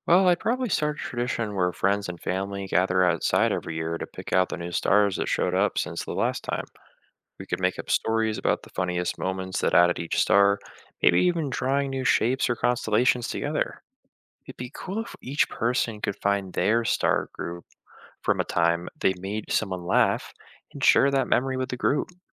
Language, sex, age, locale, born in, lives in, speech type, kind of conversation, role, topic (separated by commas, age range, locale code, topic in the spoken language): English, male, 20-24, en-US, United States, United States, read, expert-assistant, asker, What if every time you made someone laugh, a star appeared in the night sky?